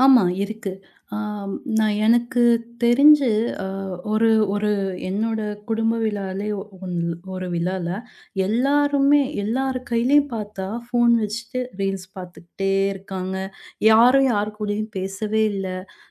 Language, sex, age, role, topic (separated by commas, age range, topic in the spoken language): Tamil, female, 30-34, guest, குடும்ப விருந்தின்போது கைபேசி பயன்படுத்தாமல் இருக்க என்ன விதிமுறைகள் பின்பற்றலாம்?